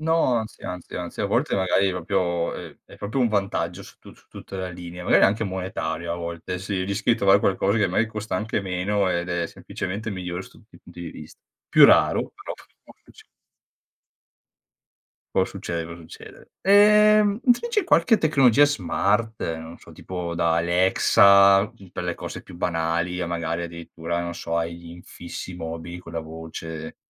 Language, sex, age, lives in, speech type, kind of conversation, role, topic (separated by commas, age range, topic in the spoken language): Italian, male, 30-34, Italy, conversation, podcast, host, Come può la tecnologia aiutarci a vivere in modo più sostenibile?